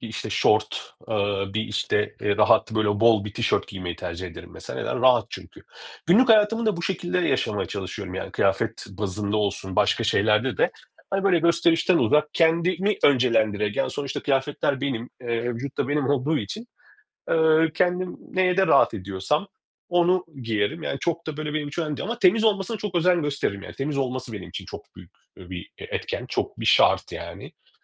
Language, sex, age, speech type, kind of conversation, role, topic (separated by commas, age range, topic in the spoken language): Turkish, male, 40-44, conversation, podcast, guest, Minimalist misin, yoksa daha gösterişli giyinmeyi mi seversin?